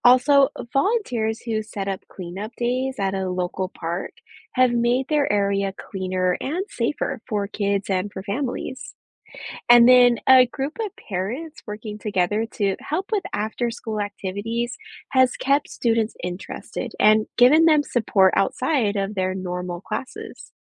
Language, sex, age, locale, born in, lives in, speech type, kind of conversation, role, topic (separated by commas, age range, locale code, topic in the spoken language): English, female, 30-34, en-US, United States, United States, read, expert-assistant, expert, What are the benefits of helping to solve problems in your community?